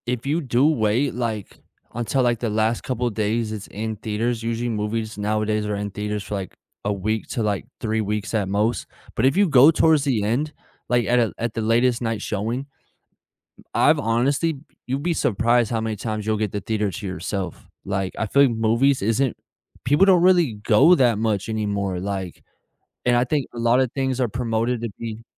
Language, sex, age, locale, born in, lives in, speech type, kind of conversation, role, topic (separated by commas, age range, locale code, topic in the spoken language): English, male, 25-29, en-US, United States, United States, conversation, unstructured, none, What are your movie theater rituals and your perfect snack combo, and what stories are behind them?